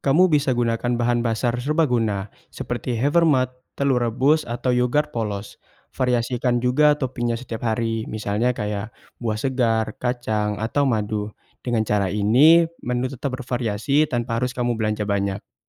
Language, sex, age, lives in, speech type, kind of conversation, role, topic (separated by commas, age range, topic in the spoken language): Indonesian, male, 20-24, Indonesia, read, expert-assistant, expert, Bagaimana cara memilih pola makan yang cocok untuk gaya hidup saya?